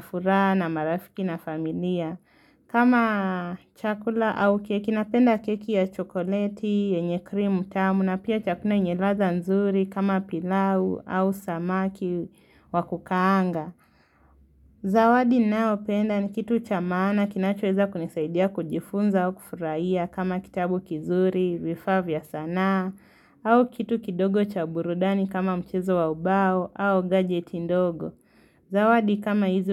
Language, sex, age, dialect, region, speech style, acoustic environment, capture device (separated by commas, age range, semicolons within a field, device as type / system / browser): Swahili, female, 18-24, Kenya - Nairobi Sheng-influenced, Kenya, focused; excited, silence, mobile / Linux / Chrome